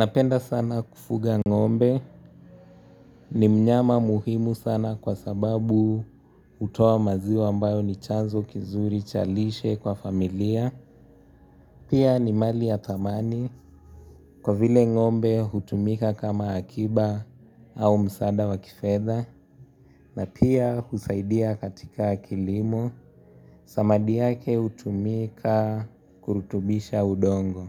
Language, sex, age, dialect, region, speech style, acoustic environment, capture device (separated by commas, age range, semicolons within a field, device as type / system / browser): Swahili, male, 18-24, Kenya - Nairobi Sheng-influenced, Kenya, happy; relaxed; focused, nature; silence; traffic; music, mobile / Linux / Chrome